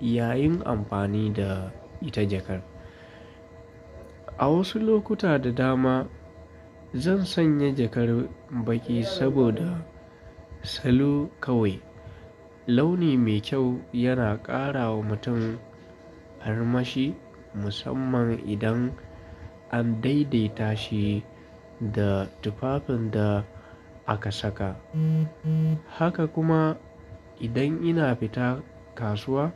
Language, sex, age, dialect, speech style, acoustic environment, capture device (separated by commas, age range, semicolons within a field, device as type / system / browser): Hausa, male, 25-34, Nigeria - Kano, happy; relaxed; focused, nature, desktop / Linux / Chrome